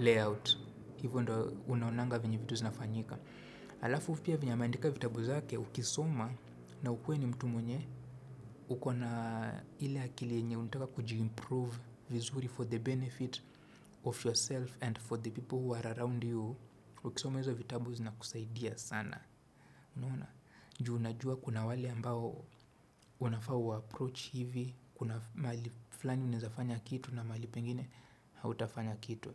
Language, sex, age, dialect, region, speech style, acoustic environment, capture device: Swahili, male, 25-34, Kenya - Nairobi Sheng-influenced, Kenya, focused, traffic, desktop / Windows / Chrome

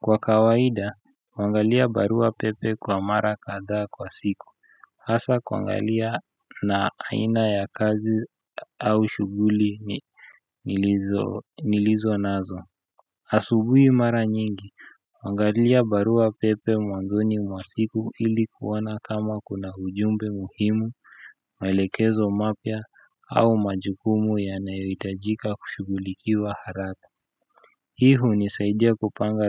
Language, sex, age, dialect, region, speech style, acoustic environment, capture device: Swahili, male, 25-34, Kenya - Nairobi Sheng-influenced, Kenya, anxious; focused, music, desktop / Windows / Chrome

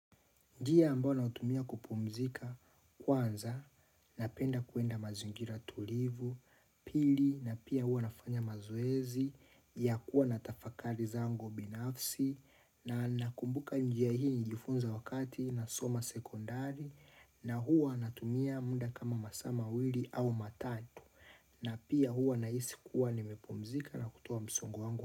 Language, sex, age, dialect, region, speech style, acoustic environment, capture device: Swahili, male, 25-34, Tanzania - Dar es Salaam, Tanzania, relaxed, nature, mobile / Linux / Chrome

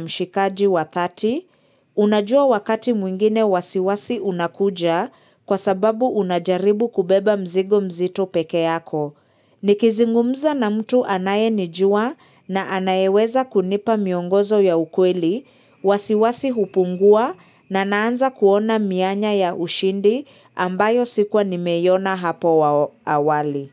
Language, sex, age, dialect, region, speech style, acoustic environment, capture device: Swahili, female, 25-34, Kenya - Nairobi Sheng-influenced, Kenya, excited, silence, mobile / Linux / Chrome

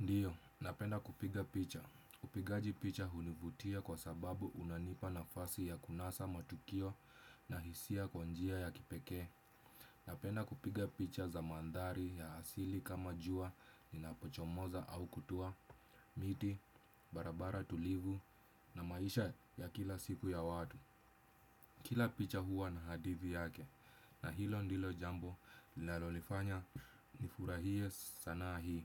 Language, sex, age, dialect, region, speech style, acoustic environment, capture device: Swahili, male, 25-34, Kenya - Nairobi Sheng-influenced, Kenya, stressed; relaxed, silence, desktop / Windows / Chrome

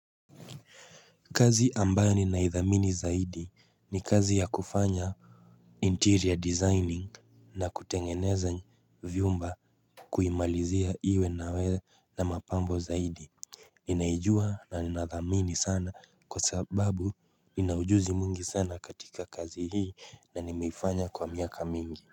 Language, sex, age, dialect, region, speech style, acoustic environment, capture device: Swahili, male, 25-34, Kenya - Nairobi Sheng-influenced, Kenya, relaxed, nature, mobile / Linux / Chrome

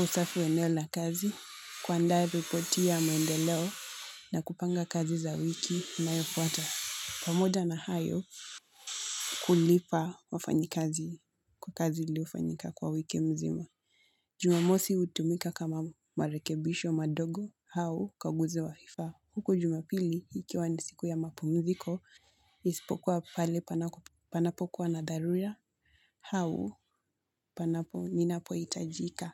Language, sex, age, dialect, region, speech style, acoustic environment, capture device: Swahili, female, 18-24, Kenya - Mombasa, Kenya, anxious, construction, mobile / Linux / Chrome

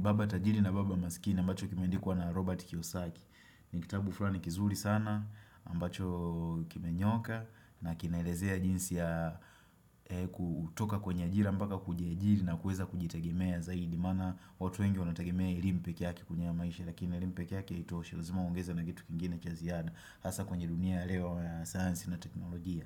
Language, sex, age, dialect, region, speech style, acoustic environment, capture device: Swahili, male, 25-34, Tanzania - Dar es Salaam, Tanzania, happy, nature, desktop / Windows / Chrome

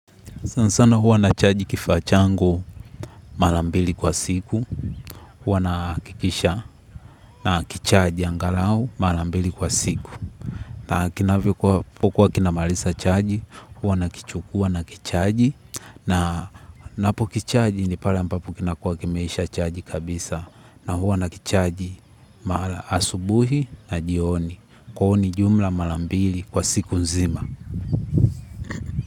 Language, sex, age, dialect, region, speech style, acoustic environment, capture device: Swahili, male, 18-24, Tanzania - Dar es Salaam, Tanzania, happy; relaxed; excited; focused, people talking; nature, mobile / Linux / Chrome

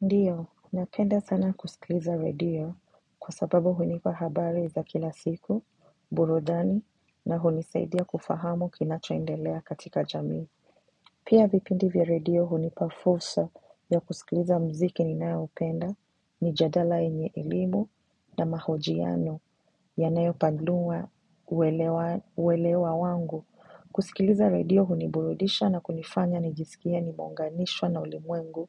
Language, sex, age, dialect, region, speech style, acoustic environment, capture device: Swahili, female, 25-34, Kenya - Mombasa, Kenya, relaxed, silence; nature, mobile / macOS / Safari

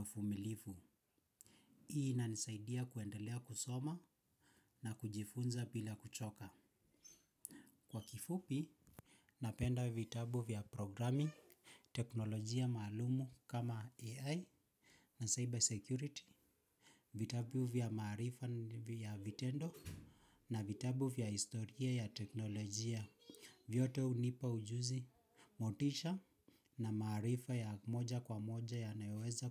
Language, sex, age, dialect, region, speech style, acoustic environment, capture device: Swahili, male, 25-34, Kenya - Nairobi Sheng-influenced, Kenya, focused, silence, mobile / Linux / Chrome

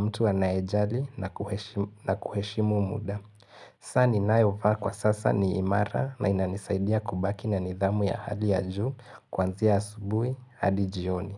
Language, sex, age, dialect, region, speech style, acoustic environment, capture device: Swahili, male, 18-24, Kenya - Mombasa, Kenya, focused; relaxed, silence, desktop / Linux / Chrome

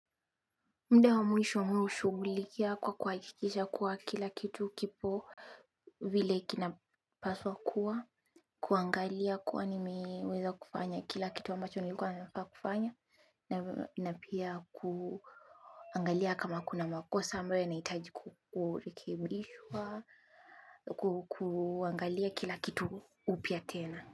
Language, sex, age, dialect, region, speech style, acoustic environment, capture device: Swahili, female, 25-34, Kenya - Nairobi Sheng-influenced, Kenya, relaxed, silence, mobile / Linux / Chrome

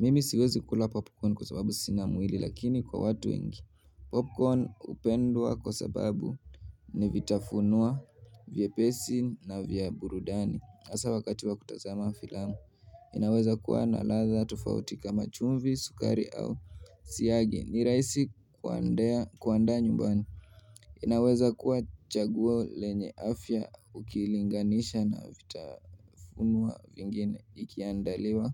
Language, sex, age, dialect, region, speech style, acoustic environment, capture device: Swahili, male, 18-24, Kenya - Nairobi Sheng-influenced, Kenya, nervous, silence, mobile / Linux / Chrome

